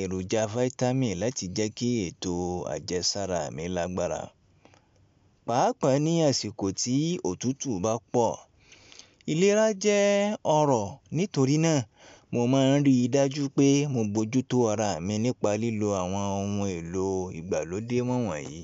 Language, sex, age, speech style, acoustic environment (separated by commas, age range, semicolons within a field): Yoruba, male, 25-34, relaxed, appliances; traffic; people talking